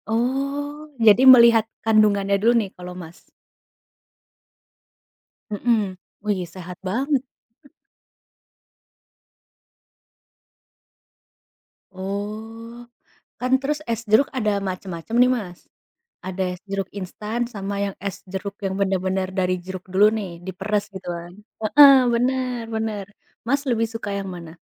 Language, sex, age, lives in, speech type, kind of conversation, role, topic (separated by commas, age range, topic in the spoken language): Indonesian, female, 20-24, United States, conversation, unstructured, none, Anda lebih suka es teh manis atau es jeruk segar?